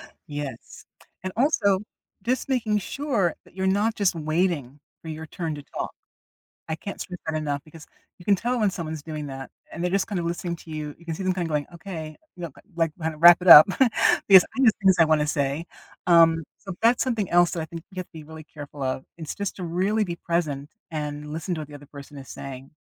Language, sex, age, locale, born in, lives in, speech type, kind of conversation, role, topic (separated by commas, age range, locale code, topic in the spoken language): English, female, 60-64, en-US, United States, United States, conversation, podcast, guest, What makes someone a good listener?